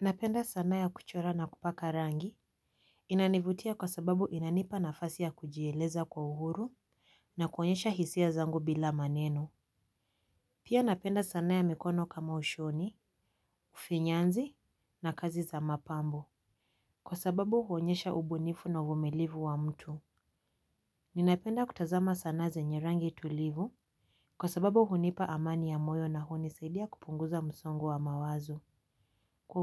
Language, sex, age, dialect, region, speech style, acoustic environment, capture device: Swahili, female, 18-24, Kenya - Nairobi Sheng-influenced, Kenya, happy, silence, mobile / Linux / Chrome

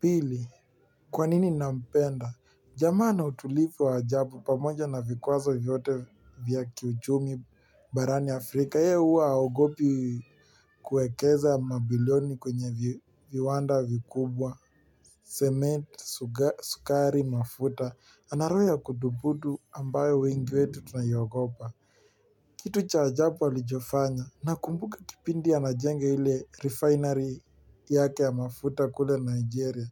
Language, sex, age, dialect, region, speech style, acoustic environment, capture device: Swahili, male, 18-24, Kenya - Nairobi Sheng-influenced, Kenya, relaxed, nature, mobile / Linux / Chrome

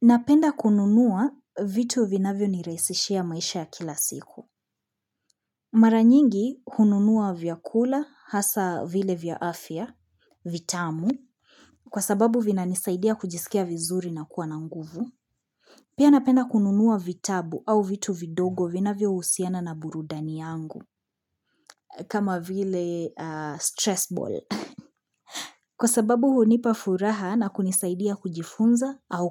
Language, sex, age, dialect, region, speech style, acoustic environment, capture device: Swahili, female, 25-34, Kenya - Nairobi Sheng-influenced, Kenya, focused; happy, people talking; appliances, mobile / Linux / Chrome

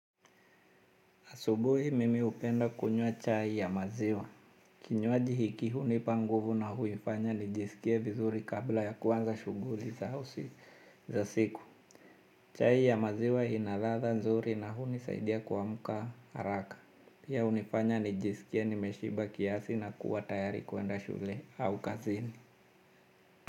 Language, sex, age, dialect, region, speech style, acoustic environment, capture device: Swahili, male, 35-44, Kenya - Mombasa, Kenya, anxious; happy, silence, mobile / Linux / Chrome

